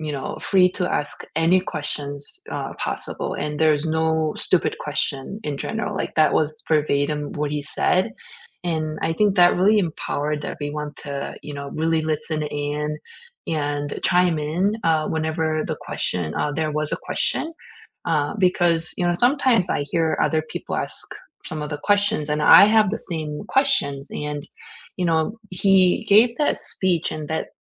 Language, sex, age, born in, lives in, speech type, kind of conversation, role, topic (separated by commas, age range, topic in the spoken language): English, female, 40-44, South Korea, United States, conversation, unstructured, none, What small teacher habits made learning click for you, and why did they matter?